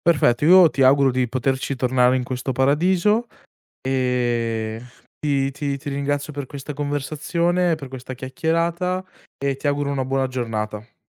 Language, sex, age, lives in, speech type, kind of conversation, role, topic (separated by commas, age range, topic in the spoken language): Italian, male, 20-24, Italy, conversation, podcast, host, Qual è un luogo in cui torneresti senza pensarci due volte?